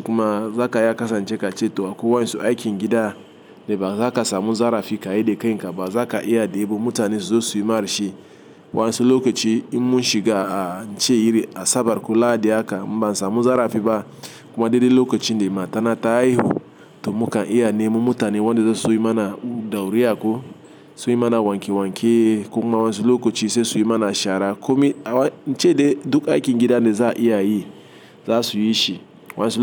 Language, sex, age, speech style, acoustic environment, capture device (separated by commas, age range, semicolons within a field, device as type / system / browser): Hausa, male, 35-44, happy, appliances, mobile / Linux / Chrome